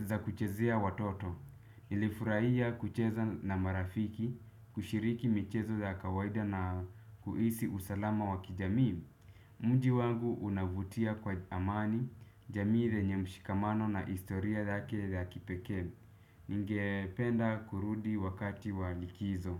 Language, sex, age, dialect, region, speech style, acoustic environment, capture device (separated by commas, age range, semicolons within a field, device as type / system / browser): Swahili, male, 25-34, Kenya - Nairobi Sheng-influenced, Kenya, relaxed; focused, people talking; music; appliances, desktop / Windows / Chrome